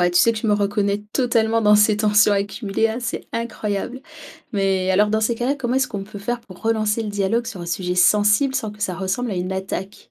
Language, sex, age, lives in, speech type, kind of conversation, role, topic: French, female, 30-34, France, read, expert-assistant, asker, On entend souvent que « tout se négocie » dans la vie de couple : mythe ou réalité ?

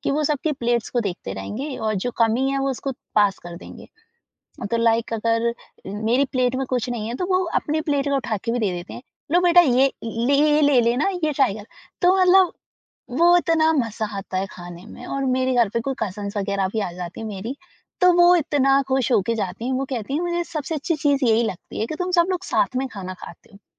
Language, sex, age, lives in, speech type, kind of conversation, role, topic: Hindi, female, 20-24, India, conversation, podcast, guest, Saanjha khana saath khane se logon mein apnapan kaise badhta hai?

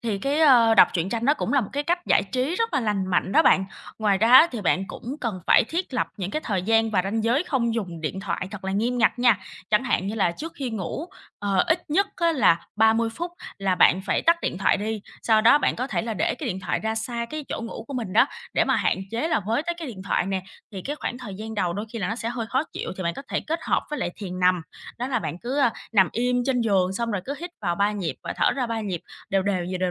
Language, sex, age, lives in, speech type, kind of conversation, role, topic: Vietnamese, female, 25-29, Vietnam, conversation, advice, advisor, Làm thế nào để giảm phụ thuộc vào điện thoại hằng ngày?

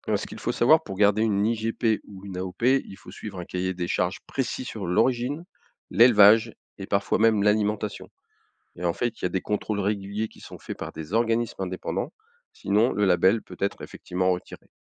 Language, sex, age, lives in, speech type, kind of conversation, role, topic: French, male, 55-59, France, read, expert-assistant, expert, Pourquoi la façon d’élever les animaux varie-t-elle autant selon les pays et les traditions ?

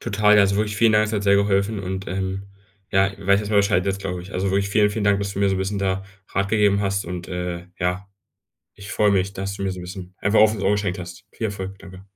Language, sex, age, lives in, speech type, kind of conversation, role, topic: German, male, 18-19, Germany, conversation, advice, user, Wie kann ich meine Energie über den ganzen Arbeitstag hinweg besser managen?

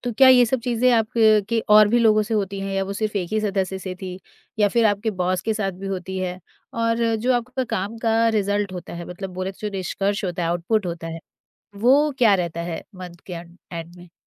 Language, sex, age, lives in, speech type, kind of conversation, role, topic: Hindi, female, 40-44, India, conversation, advice, advisor, दफ्तर में अपने विचार खुलकर कहने में आपको डर क्यों लगता है?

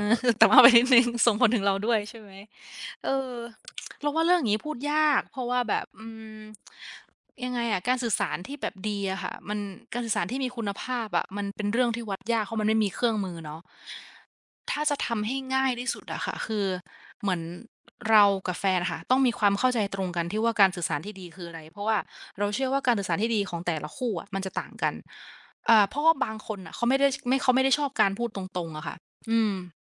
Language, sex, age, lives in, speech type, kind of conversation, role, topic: Thai, female, 25-29, Thailand, conversation, unstructured, none, การสื่อสารที่ดีในความรักควรเป็นอย่างไร?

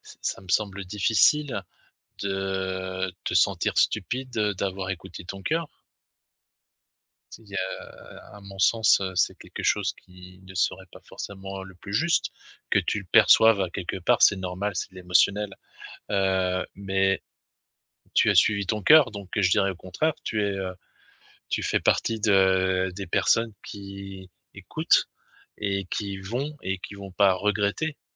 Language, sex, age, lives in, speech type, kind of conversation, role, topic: French, male, 50-54, France, conversation, advice, advisor, Comment puis-je apprendre à faire confiance à nouveau après une rupture et avancer vers une nouvelle relation ?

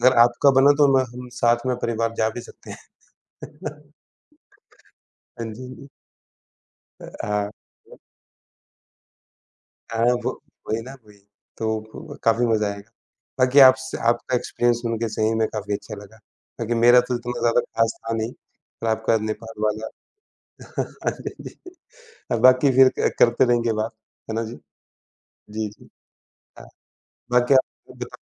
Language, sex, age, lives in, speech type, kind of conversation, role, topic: Hindi, male, 35-39, India, conversation, unstructured, none, आपकी सबसे यादगार पारिवारिक छुट्टियाँ कौन-सी हैं?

